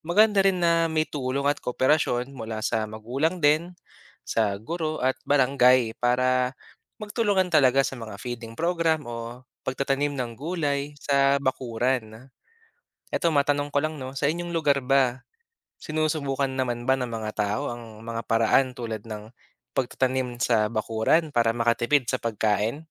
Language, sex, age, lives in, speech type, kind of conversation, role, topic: Filipino, male, 25-29, Philippines, read, expert-assistant, expert, Ano ang epekto ng kakulangan sa nutrisyon sa pag-unlad ng bata?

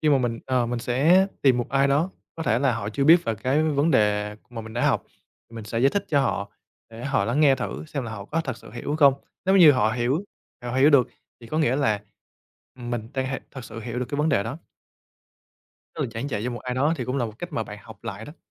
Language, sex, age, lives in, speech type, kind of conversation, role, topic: Vietnamese, male, 25-29, Vietnam, conversation, podcast, guest, Làm sao để giữ được sự tò mò khi học lâu dài?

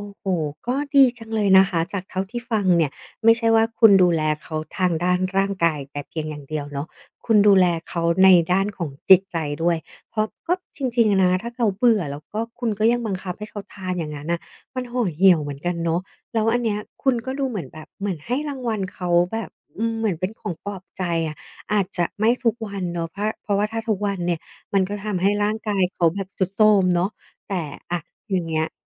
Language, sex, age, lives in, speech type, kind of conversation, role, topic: Thai, female, 40-44, Thailand, conversation, podcast, host, เวลาอยากดูแลใครสักคนด้วยอาหาร คุณมักทำยังไงบ้าง?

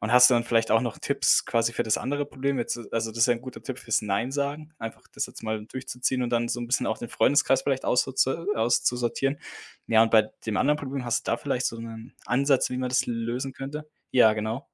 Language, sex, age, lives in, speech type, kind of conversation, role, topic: German, male, 25-29, Germany, conversation, advice, user, Wie kann ich mit der Angst umgehen, wieder in alte Muster zurückzufallen?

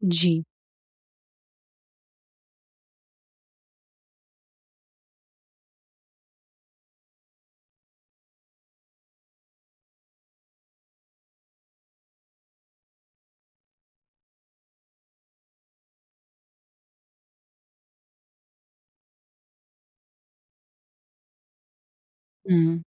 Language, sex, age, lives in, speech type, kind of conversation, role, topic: Hindi, female, 25-29, India, conversation, advice, advisor, सफर के दौरान भाषा और संचार से जुड़ी चुनौतियों को मैं कैसे हल कर सकता/सकती हूँ?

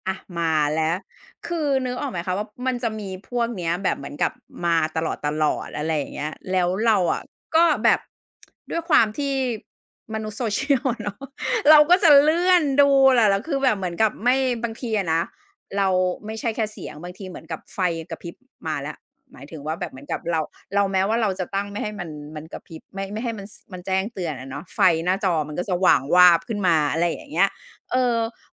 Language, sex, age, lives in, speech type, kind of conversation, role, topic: Thai, female, 40-44, Thailand, conversation, podcast, guest, คุณรับมือกับการแจ้งเตือนที่ทำให้วอกแวกยังไง?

